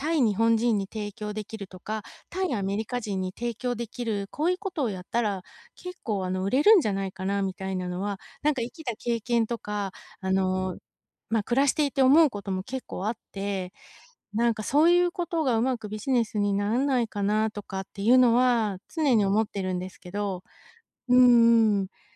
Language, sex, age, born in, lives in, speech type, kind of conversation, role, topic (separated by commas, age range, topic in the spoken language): Japanese, female, 45-49, Japan, United States, conversation, advice, user, 将来の不確実さにどう向き合えばよいですか？